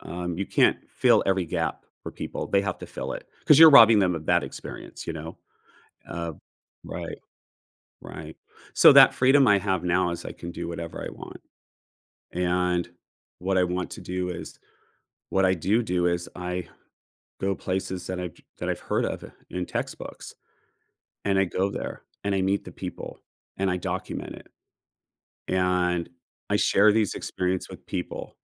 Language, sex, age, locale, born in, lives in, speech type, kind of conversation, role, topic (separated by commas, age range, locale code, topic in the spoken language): English, male, 45-49, en-US, United States, United States, conversation, podcast, guest, How do you balance work and personal life?